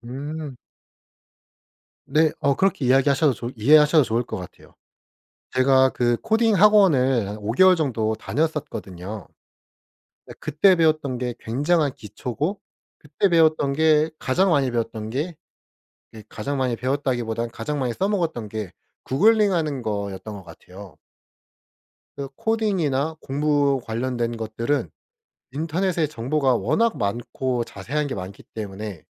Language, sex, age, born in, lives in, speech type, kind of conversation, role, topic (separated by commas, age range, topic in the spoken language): Korean, male, 25-29, South Korea, South Korea, conversation, podcast, guest, 학원과 독학 중 어떤 상황에서 무엇을 선택하는 것이 좋을까요?